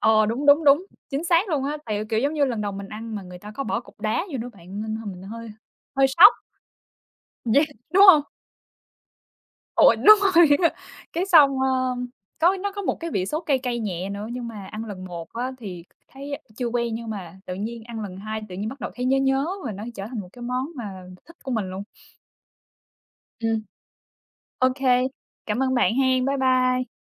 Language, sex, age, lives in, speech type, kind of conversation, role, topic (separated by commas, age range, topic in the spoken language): Vietnamese, female, 25-29, United States, conversation, unstructured, none, Bạn đã bao giờ thử một món ăn nước ngoài lạ chưa?